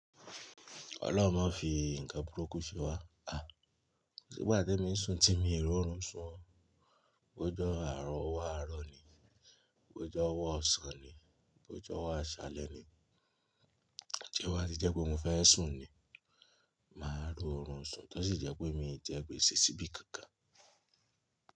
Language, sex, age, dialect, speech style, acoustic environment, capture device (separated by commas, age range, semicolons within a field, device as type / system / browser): Yoruba, male, 25-34, Nigeria - Ibadan, happy, silence, mobile / Linux / Chrome